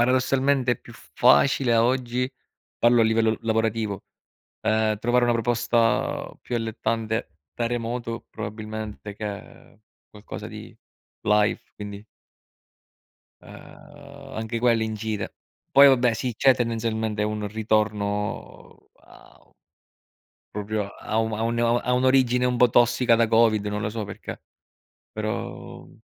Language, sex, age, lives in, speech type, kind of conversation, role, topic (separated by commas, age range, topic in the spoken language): Italian, male, 30-34, Italy, conversation, unstructured, none, Come integri l’attività fisica nella tua routine quotidiana?